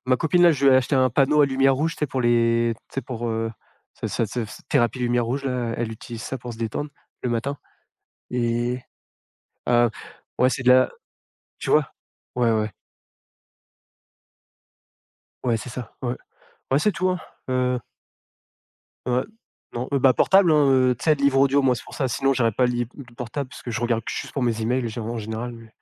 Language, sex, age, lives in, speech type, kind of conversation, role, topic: French, male, 30-34, France, conversation, unstructured, none, Quels outils numériques utilises-tu pour te détendre ?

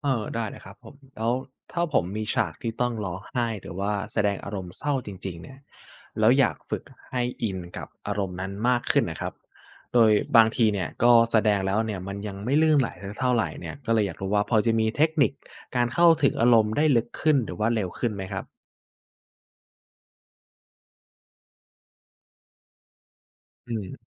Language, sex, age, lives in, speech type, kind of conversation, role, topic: Thai, male, 20-24, Thailand, read, expert-assistant, asker, ควรบริหารเวลาและจัดตารางชีวิตอย่างไรเมื่ออยากพัฒนาฝีมือด้านศิลปะการแสดงควบคู่กับงานประจำ?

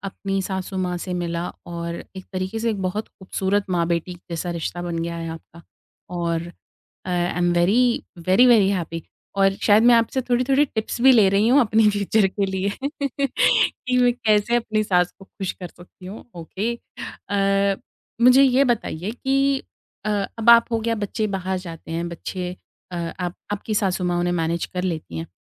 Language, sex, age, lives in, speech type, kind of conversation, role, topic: Hindi, female, 25-29, India, conversation, podcast, host, Work-from-home ke baad tum unwind kaise karte ho?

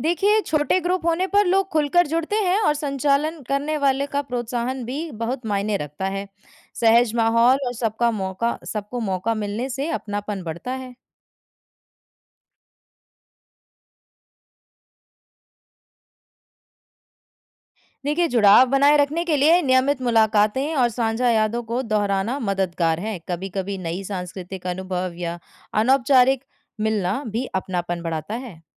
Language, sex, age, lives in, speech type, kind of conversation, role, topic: Hindi, female, 20-24, India, read, expert-assistant, expert, लोक संगीत या नृत्य सीखना हमारे रिश्तों और दोस्ती में क्या बदलाव ला सकता है?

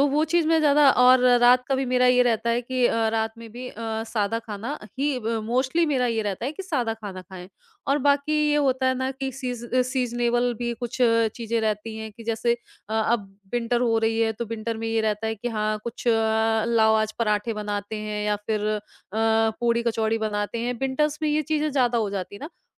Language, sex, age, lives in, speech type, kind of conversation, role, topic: Hindi, female, 30-34, India, conversation, podcast, guest, Budget mein tasty comfort meals ke liye tumhara best idea kya hai?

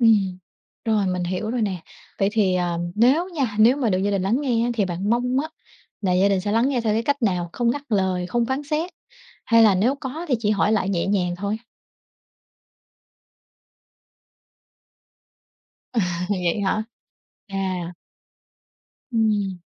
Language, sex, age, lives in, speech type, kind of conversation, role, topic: Vietnamese, female, 30-34, Vietnam, conversation, advice, advisor, Làm thế nào để bày tỏ cảm xúc với người thân khi bạn sợ bị đánh giá?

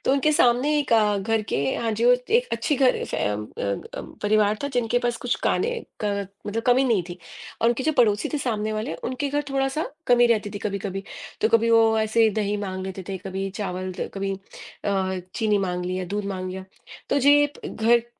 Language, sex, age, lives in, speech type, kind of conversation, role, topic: Hindi, female, 40-44, United States, conversation, unstructured, none, आपके अनुसार एक अच्छे पड़ोसी में कौन-कौन सी बातें होनी चाहिए?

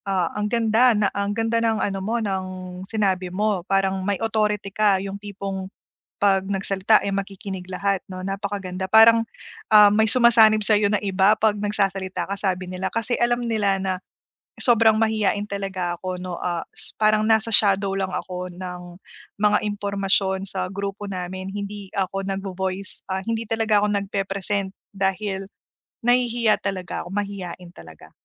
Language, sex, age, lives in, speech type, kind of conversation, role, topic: Filipino, female, 30-34, Philippines, conversation, advice, user, Paano ako makikipag-usap nang may higit na kumpiyansa?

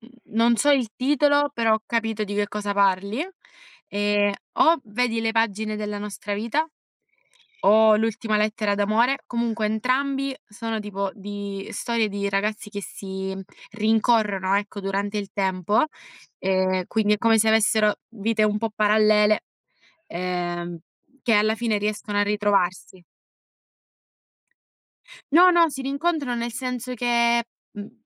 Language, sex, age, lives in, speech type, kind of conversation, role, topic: Italian, female, 20-24, Italy, conversation, unstructured, none, Preferisci leggere un libro o guardare un film?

